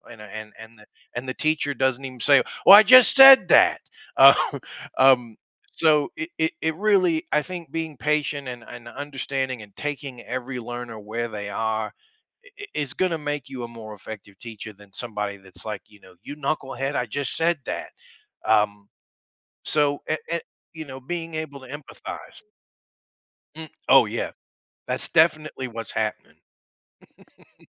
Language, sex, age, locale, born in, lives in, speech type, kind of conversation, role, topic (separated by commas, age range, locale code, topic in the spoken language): English, male, 45-49, en-US, United States, United States, conversation, podcast, guest, How do great teachers inspire and connect with their students to make learning meaningful?